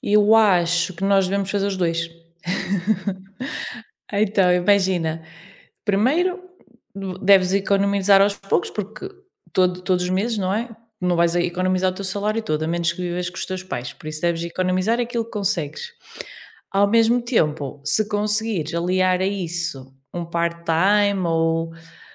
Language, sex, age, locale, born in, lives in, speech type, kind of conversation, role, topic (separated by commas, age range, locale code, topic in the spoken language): Portuguese, female, 30-34, pt-PT, Portugal, Portugal, conversation, unstructured, none, Como você se prepara para emergências financeiras?